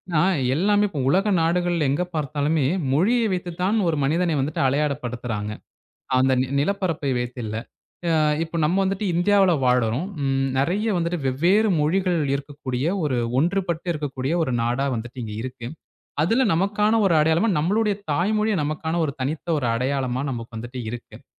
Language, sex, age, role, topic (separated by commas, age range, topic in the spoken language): Tamil, male, 20-24, guest, மொழி இழப்பால் உங்களுக்கு பயமாக இருக்கிறதா?